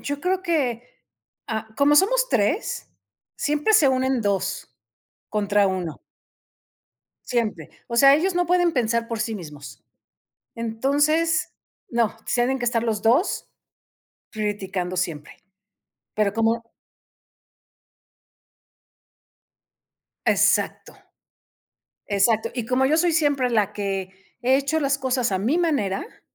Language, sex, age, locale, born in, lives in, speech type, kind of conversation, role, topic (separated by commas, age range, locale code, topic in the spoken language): Spanish, female, 55-59, es-MX, Mexico, Mexico, conversation, unstructured, none, ¿Qué haces cuando alguien critica tu forma de hacer las cosas?